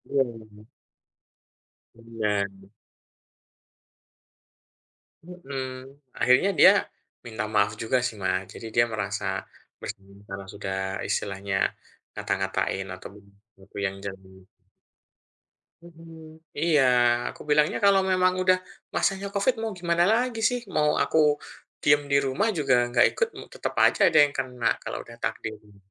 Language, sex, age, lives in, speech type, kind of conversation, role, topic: Indonesian, male, 40-44, Indonesia, conversation, unstructured, none, Bagaimana kamu mengatasi rasa marah saat identitasmu diserang?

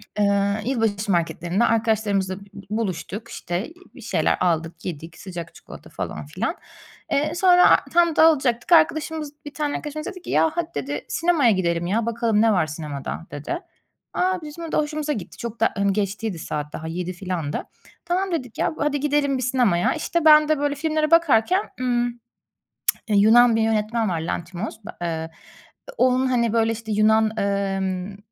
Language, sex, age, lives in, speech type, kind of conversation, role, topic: Turkish, female, 30-34, Germany, conversation, advice, user, Hızlı sakinleşmek için hangi nefes egzersizleri işe yarar?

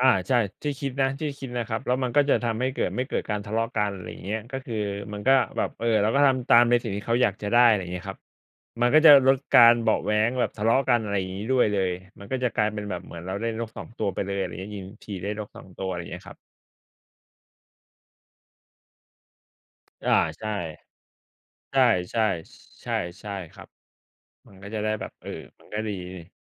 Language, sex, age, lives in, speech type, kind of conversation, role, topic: Thai, male, 35-39, Thailand, conversation, unstructured, none, ถ้าคุณอยากทำให้คนรักมีความสุข คุณจะทำอย่างไร?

